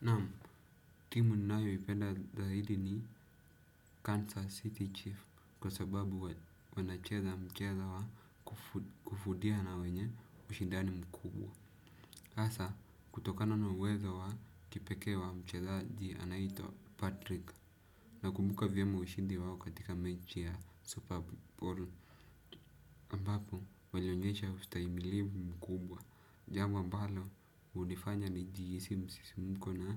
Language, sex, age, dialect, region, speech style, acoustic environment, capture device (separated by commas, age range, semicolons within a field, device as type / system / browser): Swahili, male, 18-24, Kenya - Nairobi Sheng-influenced, Kenya, focused, silence, desktop / Windows / Chrome